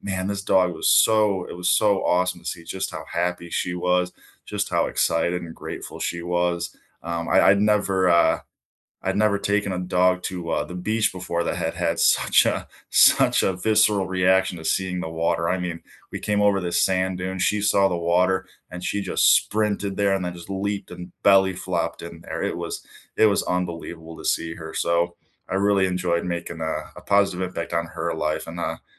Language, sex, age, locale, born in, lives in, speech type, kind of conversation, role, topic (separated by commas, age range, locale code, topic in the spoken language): English, male, 25-29, en-US, United States, United States, conversation, unstructured, none, What small moment lifted your week, and what made it meaningful for you?